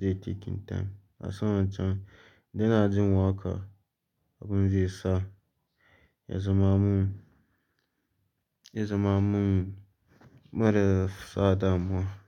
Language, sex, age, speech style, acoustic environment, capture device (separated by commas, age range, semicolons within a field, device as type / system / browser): Hausa, male, 18-24, anxious; focused, silence, mobile / Linux / Chrome